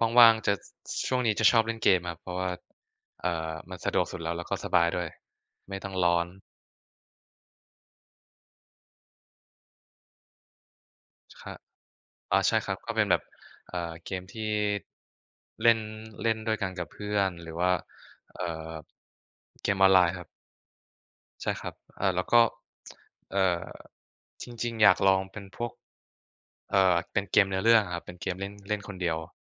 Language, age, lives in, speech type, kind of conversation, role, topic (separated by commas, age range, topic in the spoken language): Thai, 20-24, Thailand, conversation, unstructured, none, ทำไมคนบางคนถึงโกรธเมื่อมีคนวิจารณ์งานอดิเรกของเขา?